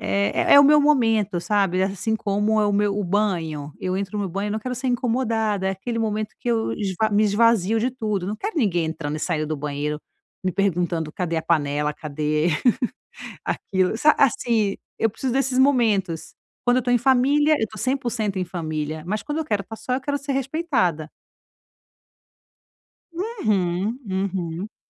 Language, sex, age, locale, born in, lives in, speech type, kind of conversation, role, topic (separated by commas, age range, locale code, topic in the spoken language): Portuguese, female, 35-39, pt-BR, Brazil, Italy, conversation, advice, user, Como lidar com a culpa por priorizar meu bem-estar pessoal?